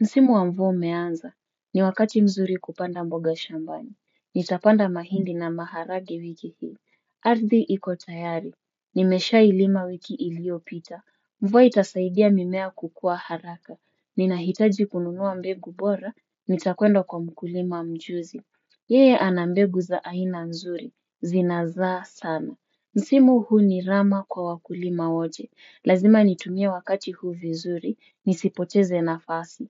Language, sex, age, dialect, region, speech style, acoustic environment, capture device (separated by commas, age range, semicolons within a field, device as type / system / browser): Swahili, female, 25-34, Kenya - Mombasa, Kenya, focused, silence, desktop / Windows / Chrome